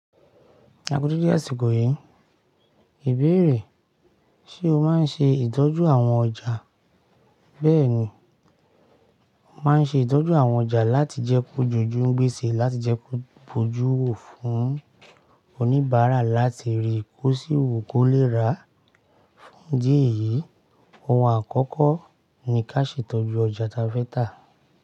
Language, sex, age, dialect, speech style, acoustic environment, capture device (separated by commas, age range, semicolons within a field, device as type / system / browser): Yoruba, male, 35-44, Nigeria - Ibadan, happy, silence, mobile / Linux / Chrome